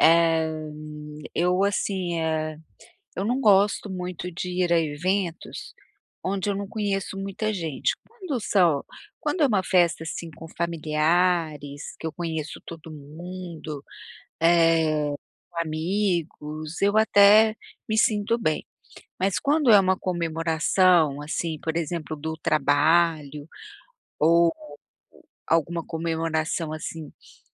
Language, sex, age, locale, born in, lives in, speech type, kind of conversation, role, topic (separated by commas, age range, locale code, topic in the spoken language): Portuguese, female, 55-59, pt-BR, Brazil, United States, conversation, advice, user, Como posso me sentir mais à vontade em festas e comemorações?